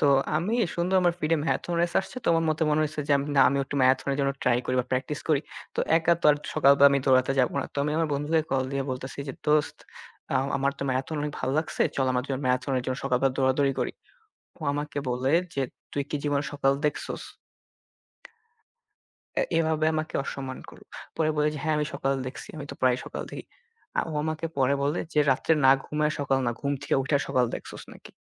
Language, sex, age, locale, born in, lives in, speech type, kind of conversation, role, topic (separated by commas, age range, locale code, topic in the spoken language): Bengali, male, 18-19, bn-IN, Bangladesh, Bangladesh, conversation, unstructured, none, আপনার দৈনন্দিন জীবনে আপনার কোন অভ্যাসটি সবচেয়ে বেশি বিরক্তিকর বলে মনে হয়?